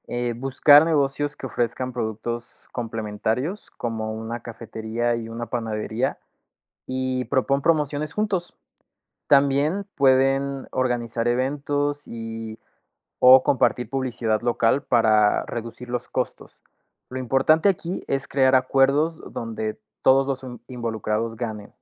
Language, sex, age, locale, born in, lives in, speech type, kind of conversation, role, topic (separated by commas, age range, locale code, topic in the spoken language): Spanish, male, 20-24, es-MX, Mexico, Mexico, read, expert-assistant, expert, ¿Cómo pueden los negocios pequeños aprovechar la globalización y la colaboración local?